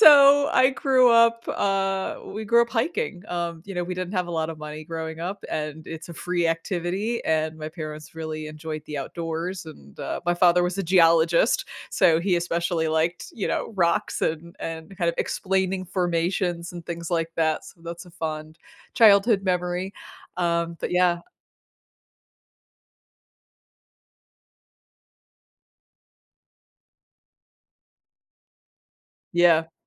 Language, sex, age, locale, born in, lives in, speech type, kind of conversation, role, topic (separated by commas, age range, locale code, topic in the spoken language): English, female, 40-44, en-US, United States, United States, conversation, podcast, guest, How has spending time in nature impacted your life or well-being?